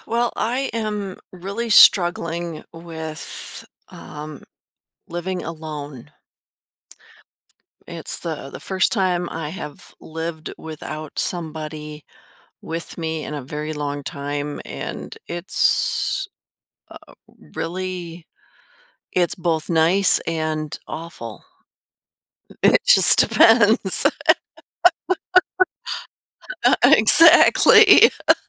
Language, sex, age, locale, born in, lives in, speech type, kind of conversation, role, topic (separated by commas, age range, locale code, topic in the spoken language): English, female, 50-54, en-US, United States, United States, conversation, advice, user, How can I adjust to living alone?